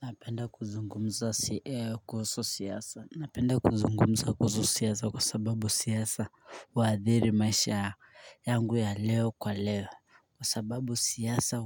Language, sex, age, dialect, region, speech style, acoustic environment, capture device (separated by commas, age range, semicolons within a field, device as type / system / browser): Swahili, male, 25-34, Kenya - Mombasa, Kenya, focused, silence, mobile / Linux / Chrome